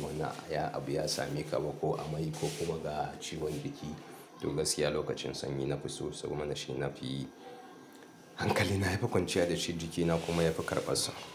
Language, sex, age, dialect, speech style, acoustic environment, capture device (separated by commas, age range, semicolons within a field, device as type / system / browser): Hausa, male, 35-44, Nigeria - Kano, happy, people talking; appliances; nature, mobile / Linux / Chrome